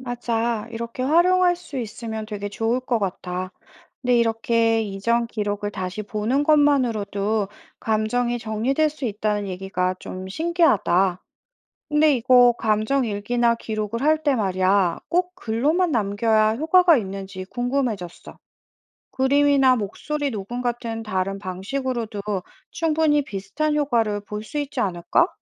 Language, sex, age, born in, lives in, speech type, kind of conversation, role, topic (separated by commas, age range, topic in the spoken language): Korean, female, 40-44, South Korea, France, read, expert-assistant, asker, 직장에서 쉽게 실천할 수 있는 마음 건강 루틴이 있을까요?